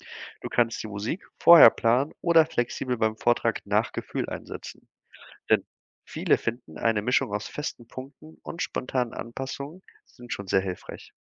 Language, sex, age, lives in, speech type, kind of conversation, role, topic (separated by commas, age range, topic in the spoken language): German, male, 25-29, Germany, read, expert-assistant, expert, Wie könnte man bekannte Gedichte so verändern oder kombinieren, dass sie eine ganz neue Geschichte erzählen?